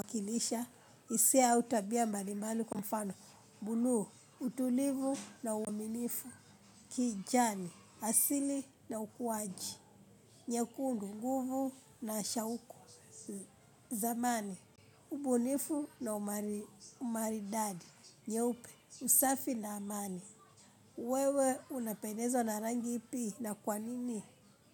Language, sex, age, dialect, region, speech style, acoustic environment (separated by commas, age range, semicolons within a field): Swahili, female, 25-34, Kenya - Nairobi Sheng-influenced, Kenya, happy; relaxed; excited; focused, people talking